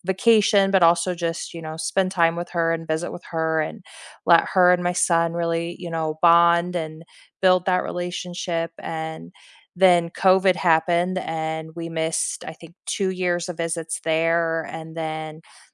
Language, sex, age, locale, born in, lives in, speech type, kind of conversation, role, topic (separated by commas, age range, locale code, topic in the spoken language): English, female, 40-44, en-US, United States, United States, conversation, unstructured, none, How often do you check in with friends, what prompts you to do it, and what makes those check-ins meaningful for both of you?